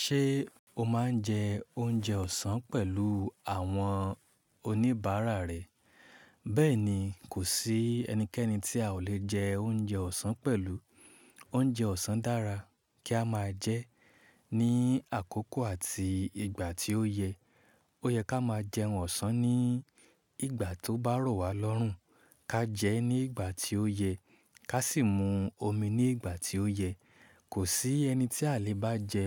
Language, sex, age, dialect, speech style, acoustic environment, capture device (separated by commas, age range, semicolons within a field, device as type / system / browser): Yoruba, male, 25-34, Nigeria - Ibadan, tired, silence, mobile / Linux / Chrome